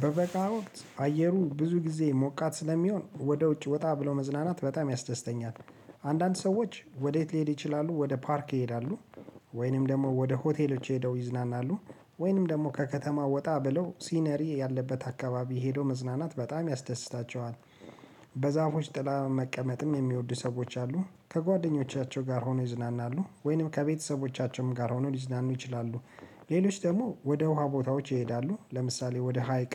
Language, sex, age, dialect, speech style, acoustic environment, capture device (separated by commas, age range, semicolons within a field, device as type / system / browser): Amharic, male, 35-44, Ethiopia - Addis Ababa, relaxed; focused, nature, mobile / Linux / Chrome